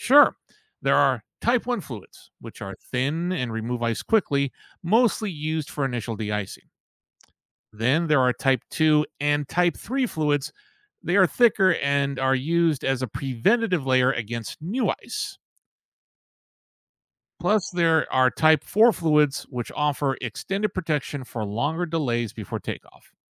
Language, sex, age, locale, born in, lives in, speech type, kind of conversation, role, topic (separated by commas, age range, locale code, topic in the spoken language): English, male, 55-59, en-US, United States, United States, read, expert-assistant, expert, How do airplanes de-ice their wings?